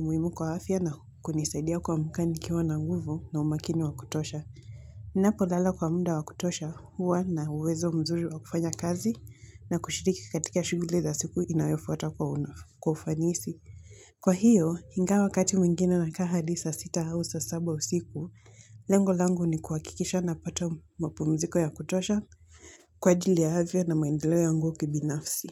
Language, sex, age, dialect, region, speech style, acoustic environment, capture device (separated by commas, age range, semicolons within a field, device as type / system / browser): Swahili, female, 18-24, Kenya - Mombasa, Kenya, anxious, construction; people talking, mobile / Linux / Chrome